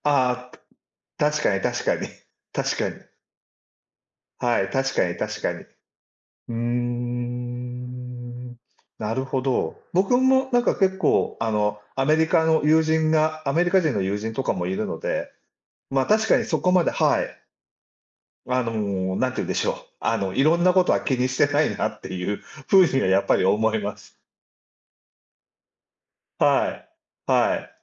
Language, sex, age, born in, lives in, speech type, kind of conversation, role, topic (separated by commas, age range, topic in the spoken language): Japanese, male, 60-64, Japan, Japan, conversation, unstructured, none, ご家族にはどのような文化的な習慣がありますか？